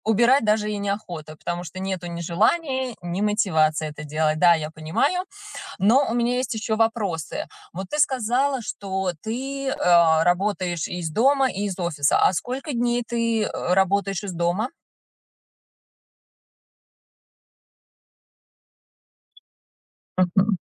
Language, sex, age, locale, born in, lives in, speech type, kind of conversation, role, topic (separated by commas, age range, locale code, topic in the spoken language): Russian, female, 35-39, ru-RU, Russia, United States, conversation, advice, advisor, Как мне изменить рабочее пространство и ритуалы, чтобы легче генерировать идеи?